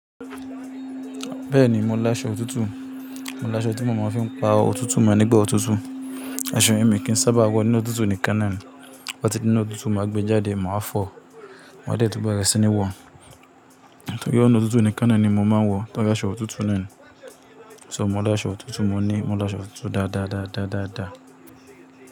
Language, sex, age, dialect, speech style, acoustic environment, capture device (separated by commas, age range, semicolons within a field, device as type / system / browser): Yoruba, male, 25-34, Nigeria - Kano, nervous, appliances, mobile / Linux / Chrome